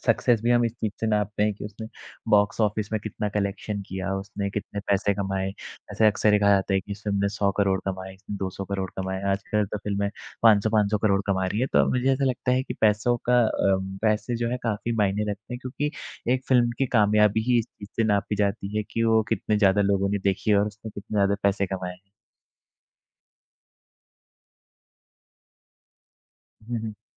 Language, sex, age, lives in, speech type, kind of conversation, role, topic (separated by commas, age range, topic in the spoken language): Hindi, male, 20-24, India, conversation, unstructured, none, क्या बॉलीवुड में सबसे ज़्यादा महत्व सिर्फ़ पैसे का ही है?